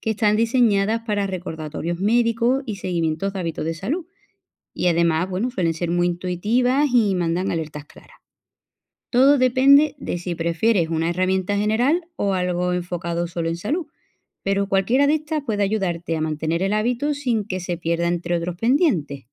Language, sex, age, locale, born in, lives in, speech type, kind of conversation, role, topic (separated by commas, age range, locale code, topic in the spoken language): Spanish, female, 35-39, es-ES, Spain, Portugal, read, expert-assistant, expert, ¿Cómo puedo organizarme para no olvidar mis chequeos de salud?